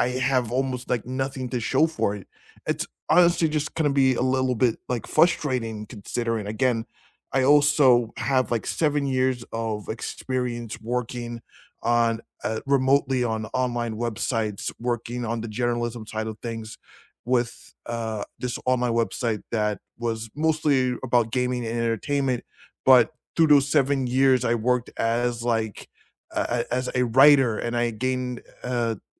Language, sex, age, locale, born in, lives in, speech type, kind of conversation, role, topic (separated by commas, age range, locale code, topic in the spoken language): English, male, 35-39, en-US, United States, United States, conversation, unstructured, none, What is a goal that changed the way you see yourself?